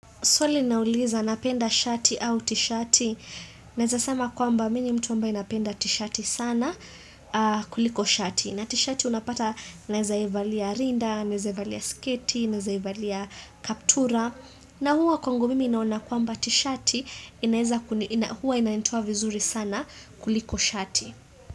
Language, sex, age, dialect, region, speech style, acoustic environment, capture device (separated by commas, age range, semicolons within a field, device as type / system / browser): Swahili, female, 25-34, Kenya - Nairobi Sheng-influenced, Kenya, excited; focused, silence, desktop / Windows / Chrome